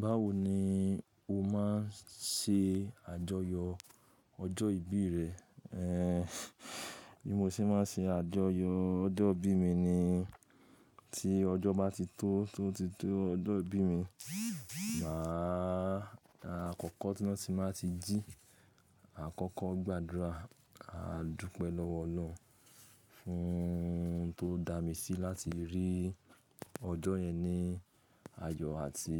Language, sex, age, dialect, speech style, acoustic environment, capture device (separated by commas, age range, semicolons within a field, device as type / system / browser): Yoruba, male, 25-34, Nigeria - Lagos Yoruba, happy, nature, mobile / Linux / Chrome